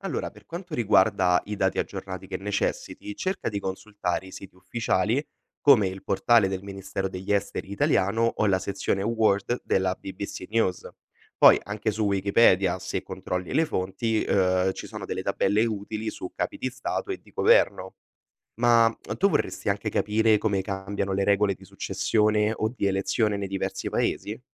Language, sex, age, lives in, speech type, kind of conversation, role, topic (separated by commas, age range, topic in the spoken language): Italian, male, 20-24, Italy, read, expert-assistant, expert, Se volessi capire meglio come funzionano i governi in paesi diversi, da dove potrei iniziare a raccogliere informazioni utili?